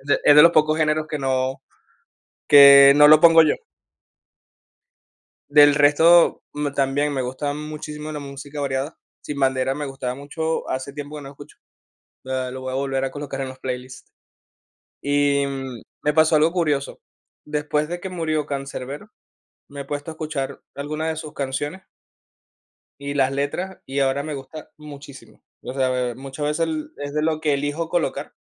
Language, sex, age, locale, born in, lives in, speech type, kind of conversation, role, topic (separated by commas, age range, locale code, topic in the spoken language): Spanish, male, 30-34, es-VE, Venezuela, Spain, conversation, unstructured, none, ¿Qué te hace sentir más auténtico contigo mismo?